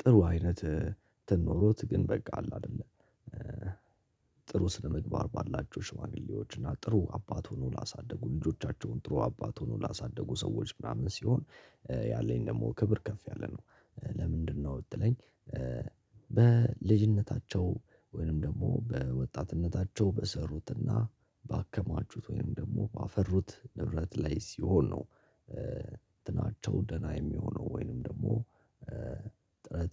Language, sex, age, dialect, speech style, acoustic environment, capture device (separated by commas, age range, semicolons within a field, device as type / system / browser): Amharic, male, 18-24, Ethiopia - Addis Ababa, happy; relaxed, people talking; silence; nature, mobile / Linux / Chrome